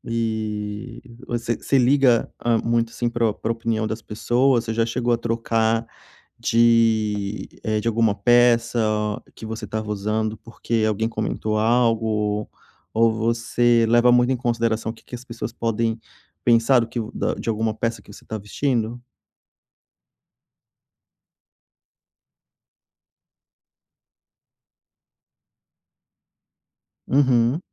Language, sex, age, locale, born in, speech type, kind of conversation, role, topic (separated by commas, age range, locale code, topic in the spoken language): Portuguese, male, 30-34, pt-BR, Brazil, conversation, podcast, host, Você tem um uniforme do dia a dia? Por quê?